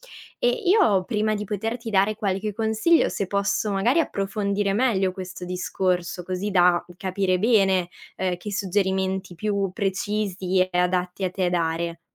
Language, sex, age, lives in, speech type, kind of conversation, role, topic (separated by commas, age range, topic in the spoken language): Italian, female, 20-24, Italy, conversation, advice, advisor, Perché tendo a minimizzare i miei successi quando ricevo un complimento?